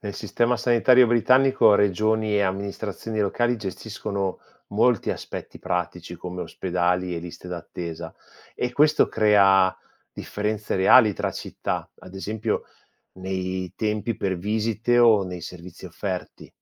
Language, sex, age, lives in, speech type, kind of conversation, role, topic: Italian, male, 45-49, Italy, read, expert-assistant, expert, Cosa cambia davvero nella vita delle persone tra vivere in un paese con presidente o uno con primo ministro?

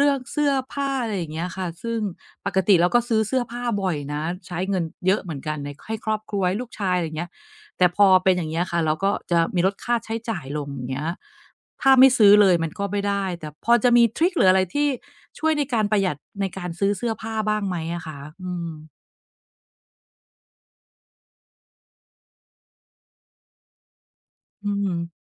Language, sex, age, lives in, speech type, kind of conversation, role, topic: Thai, female, 45-49, Thailand, conversation, advice, user, จะรักษาคุณภาพชีวิตให้ดีได้อย่างไรในช่วงที่งบประมาณจำกัดและไม่อยากรู้สึกขาดแคลน?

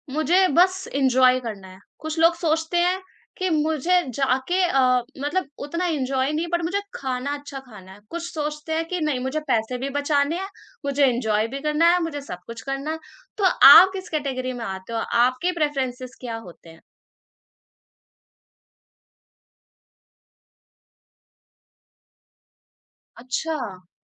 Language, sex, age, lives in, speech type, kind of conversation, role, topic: Hindi, female, 20-24, India, conversation, podcast, host, Tum apne adventure trips ka plan kaise karte ho—thoda sa planning karke ya bilkul spontaneous, aur kyun?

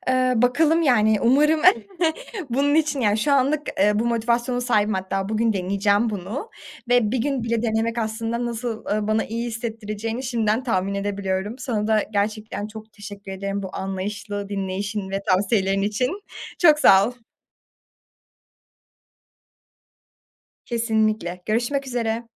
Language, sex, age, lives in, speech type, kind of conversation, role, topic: Turkish, female, 20-24, Germany, conversation, advice, user, Uyku düzeninizi sürdüremeyip sürekli geç yatmanıza neler sebep oluyor?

